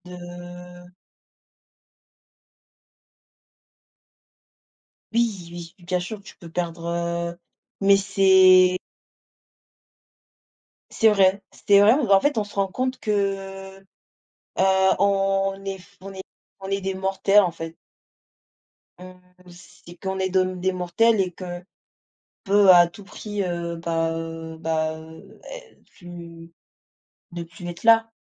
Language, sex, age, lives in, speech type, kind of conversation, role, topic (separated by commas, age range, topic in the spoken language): French, female, 20-24, France, conversation, unstructured, none, Qu’est-ce qui te rend nostalgique lorsque tu repenses à ton enfance ?